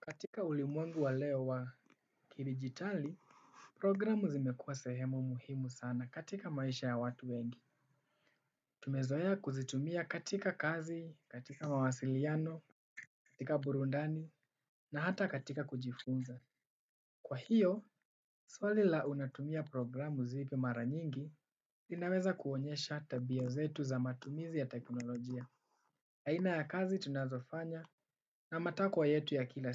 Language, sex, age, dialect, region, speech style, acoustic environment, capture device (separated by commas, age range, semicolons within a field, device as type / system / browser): Swahili, male, 18-24, Kenya - Nairobi Sheng-influenced, Kenya, relaxed, silence, desktop / Windows / Chrome